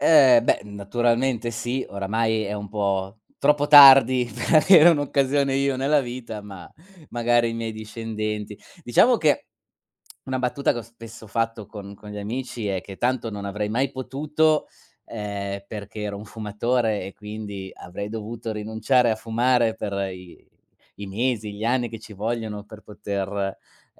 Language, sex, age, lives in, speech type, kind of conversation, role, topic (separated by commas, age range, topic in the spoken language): Italian, male, 40-44, Germany, conversation, unstructured, none, Che cosa ti affascina di più delle scoperte spaziali?